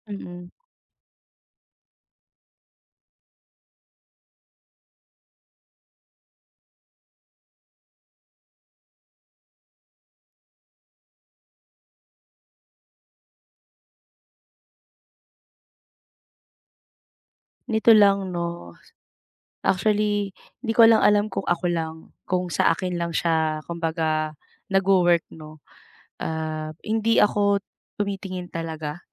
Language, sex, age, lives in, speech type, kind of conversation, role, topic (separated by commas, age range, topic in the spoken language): Filipino, female, 35-39, Philippines, conversation, advice, user, Paano ko mapapamahalaan ang nerbiyos bago at habang nagsasalita?